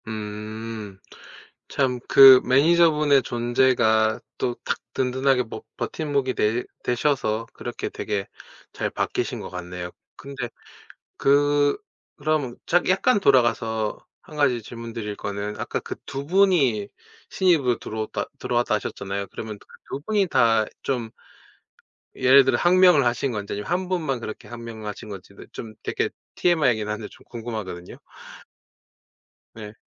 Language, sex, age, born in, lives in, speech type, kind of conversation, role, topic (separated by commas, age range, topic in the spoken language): Korean, male, 40-44, South Korea, Japan, conversation, podcast, host, 작은 습관으로 생산성을 높이는 비결이 있나요?